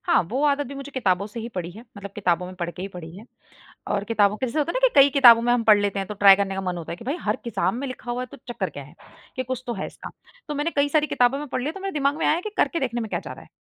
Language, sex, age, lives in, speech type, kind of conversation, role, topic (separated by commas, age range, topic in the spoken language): Hindi, female, 25-29, India, conversation, podcast, guest, कौन-सी आदत ने आपकी ज़िंदगी बदल दी?